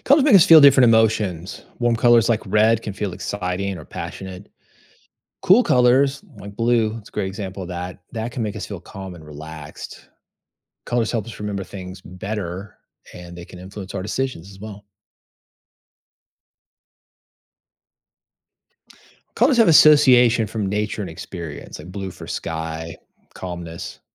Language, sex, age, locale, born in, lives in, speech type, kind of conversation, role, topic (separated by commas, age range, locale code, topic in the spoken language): English, male, 50-54, en-US, United States, United States, read, expert-assistant, expert, What are the psychological effects of color in design?